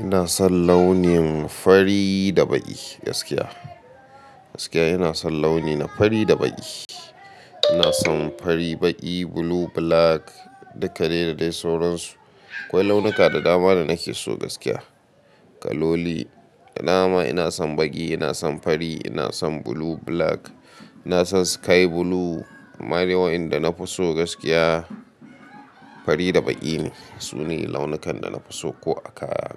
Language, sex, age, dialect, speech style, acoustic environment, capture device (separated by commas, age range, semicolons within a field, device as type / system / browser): Hausa, male, 25-34, Nigeria - Katsina, happy, silence, mobile / Linux / Chrome